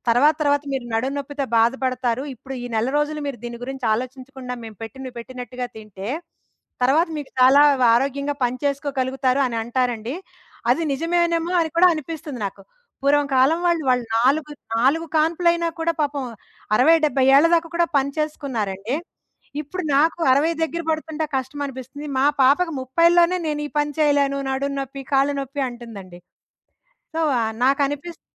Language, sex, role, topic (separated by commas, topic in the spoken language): Telugu, female, guest, ఆయుర్వేదం లేదా సంప్రదాయ చికిత్సలు మీకు ఏ విధంగా ఉపయోగపడ్డాయి?